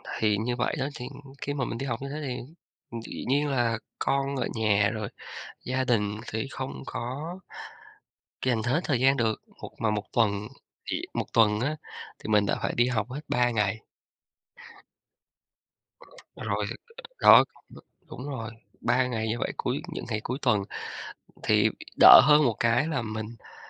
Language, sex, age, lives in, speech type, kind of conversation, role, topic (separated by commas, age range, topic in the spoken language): Vietnamese, male, 35-39, Vietnam, conversation, podcast, guest, Làm sao bạn cân bằng việc học với công việc và gia đình?